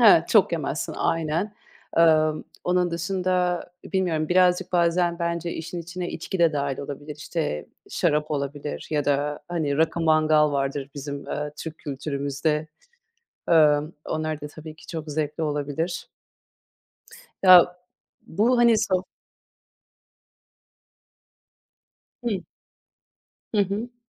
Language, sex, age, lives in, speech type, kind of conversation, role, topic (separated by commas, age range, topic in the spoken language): Turkish, female, 30-34, Netherlands, conversation, unstructured, none, Dostlarla birlikte yemek yemenin önemi hakkında ne düşünüyorsun?